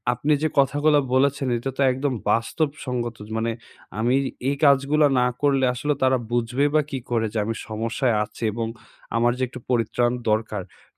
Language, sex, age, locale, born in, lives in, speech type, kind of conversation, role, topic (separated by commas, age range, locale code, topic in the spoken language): Bengali, male, 20-24, bn-BD, Bangladesh, Bangladesh, conversation, advice, user, চাপ ও উদ্বেগে বারবার আমি কেন নিজেকে রক্ষা করতে যাই?